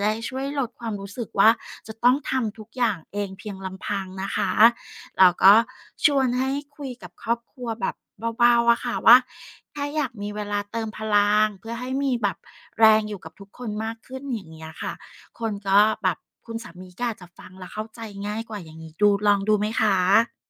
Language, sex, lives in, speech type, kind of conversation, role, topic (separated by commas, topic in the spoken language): Thai, female, Thailand, conversation, advice, advisor, ฉันจะจัดสมดุลระหว่างความต้องการส่วนตัวกับความต้องการของครอบครัวระหว่างการย้ายถิ่นอย่างไร